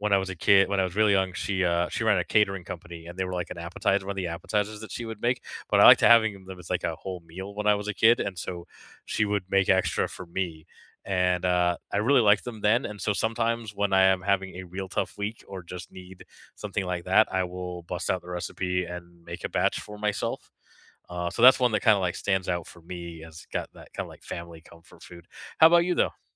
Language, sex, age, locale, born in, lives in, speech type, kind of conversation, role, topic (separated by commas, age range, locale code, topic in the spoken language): English, male, 40-44, en-CA, Canada, Canada, conversation, unstructured, none, What simple, nourishing comfort foods do you reach for on tough or busy days, and why?